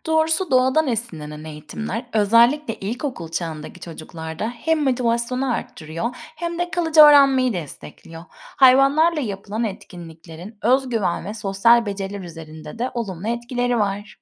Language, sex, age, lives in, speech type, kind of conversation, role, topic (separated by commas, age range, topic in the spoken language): Turkish, female, 30-34, Spain, read, expert-assistant, expert, Hayvanlara bakmak, hayata ve bilgiye bakışımızı nasıl değiştirir?